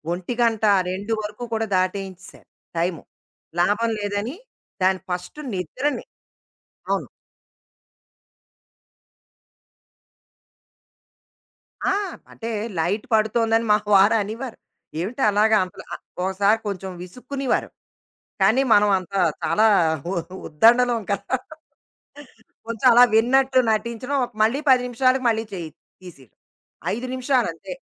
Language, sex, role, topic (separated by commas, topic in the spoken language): Telugu, female, guest, రోజంతా స్క్రీన్ టైమ్‌ను ఎలా నియంత్రిస్తారు?